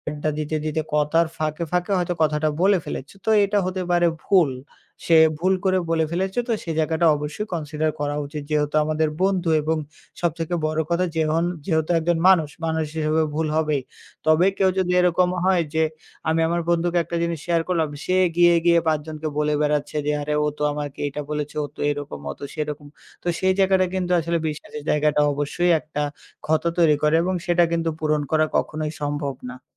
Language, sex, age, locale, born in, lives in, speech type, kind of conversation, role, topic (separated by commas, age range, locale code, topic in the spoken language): Bengali, male, 20-24, bn-BD, Bangladesh, Bangladesh, conversation, podcast, guest, সম্পর্কে নতুন করে আস্থা ফিরিয়ে আনতে আপনি কী করবেন?